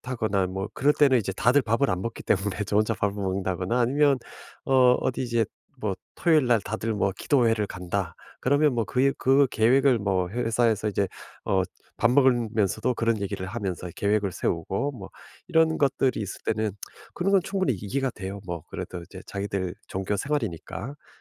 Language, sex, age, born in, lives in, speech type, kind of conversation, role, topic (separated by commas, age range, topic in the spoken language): Korean, male, 50-54, South Korea, United States, conversation, advice, user, 사회적 상황에서 어떻게 진짜 나를 유지할 수 있을까요?